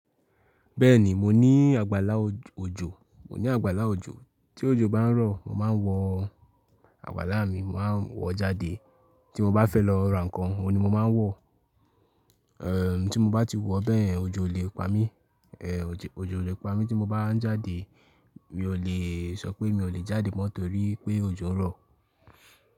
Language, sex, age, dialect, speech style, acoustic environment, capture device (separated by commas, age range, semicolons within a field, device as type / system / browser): Yoruba, male, 18-24, Nigeria - Ibadan, relaxed, silence, mobile / Linux / Chrome